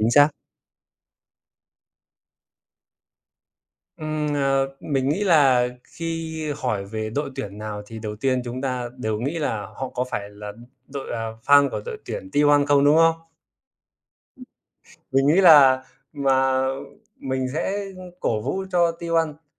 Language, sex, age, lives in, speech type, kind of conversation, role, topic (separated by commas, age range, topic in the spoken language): Vietnamese, male, 25-29, Vietnam, conversation, unstructured, none, Trò chơi điện tử nào bạn muốn giới thiệu cho bạn bè, và vì sao?